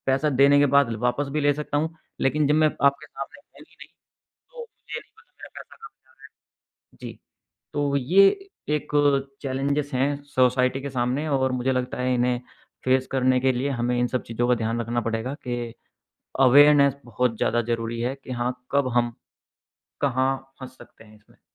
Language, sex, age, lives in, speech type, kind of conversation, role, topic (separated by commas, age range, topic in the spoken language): Hindi, male, 35-39, India, conversation, podcast, guest, Digital divide ko kam karne ke liye kaunse practical aur realistic hal ho sakte hain?